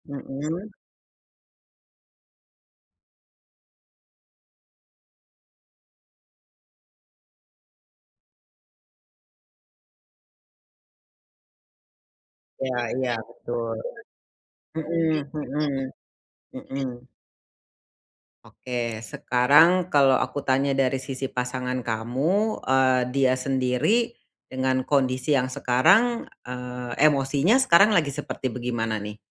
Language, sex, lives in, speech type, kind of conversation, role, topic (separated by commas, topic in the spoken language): Indonesian, female, Indonesia, conversation, advice, advisor, Bagaimana cara mendapatkan dukungan emosional saat merasa terjebak?